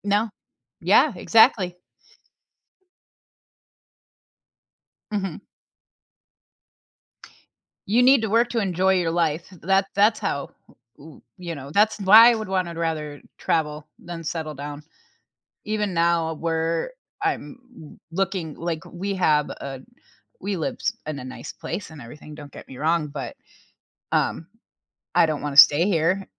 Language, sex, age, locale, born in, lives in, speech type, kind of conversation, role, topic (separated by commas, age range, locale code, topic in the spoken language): English, female, 35-39, en-US, United States, United States, conversation, unstructured, none, How do you decide between exploring new places and building a life in one community?